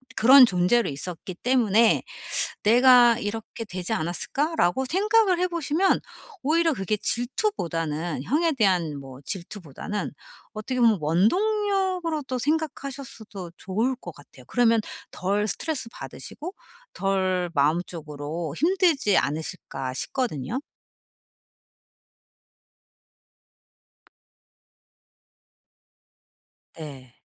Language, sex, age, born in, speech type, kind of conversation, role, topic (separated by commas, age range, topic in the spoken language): Korean, female, 45-49, South Korea, conversation, advice, advisor, 다른 사람과 비교하는 습관을 줄이고 나 자신을 더 잘 받아들이려면 어떻게 해야 할까요?